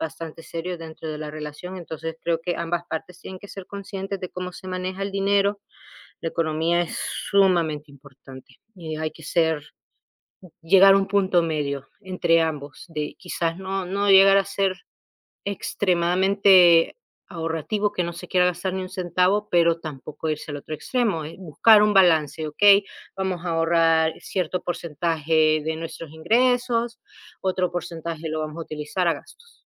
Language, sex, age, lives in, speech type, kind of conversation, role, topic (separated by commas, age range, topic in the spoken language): Spanish, female, 30-34, United States, conversation, unstructured, none, ¿Cuál es tu forma favorita de ahorrar dinero?